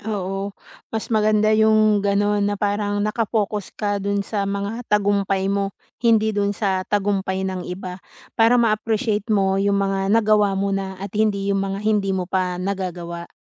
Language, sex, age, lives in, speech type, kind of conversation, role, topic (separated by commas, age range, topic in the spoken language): Filipino, female, 40-44, Philippines, conversation, advice, advisor, Paano ko malalaman kung ano ang sarili kong sukatan ng tagumpay?